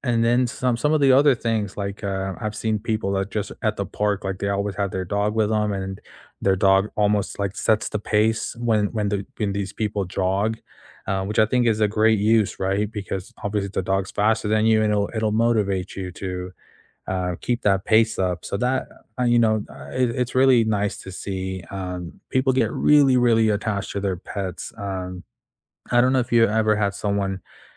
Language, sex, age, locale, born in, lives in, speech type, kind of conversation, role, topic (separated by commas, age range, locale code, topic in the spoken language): English, male, 25-29, en-US, United States, United States, conversation, unstructured, none, What do you think pets teach us about unconditional love?